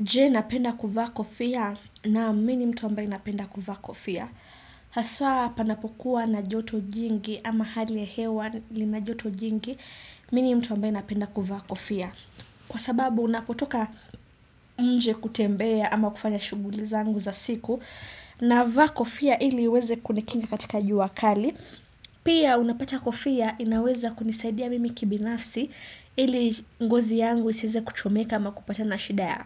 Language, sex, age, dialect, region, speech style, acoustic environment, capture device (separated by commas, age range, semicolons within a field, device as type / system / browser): Swahili, female, 25-34, Kenya - Nairobi Sheng-influenced, Kenya, happy; excited; focused, silence, desktop / Windows / Chrome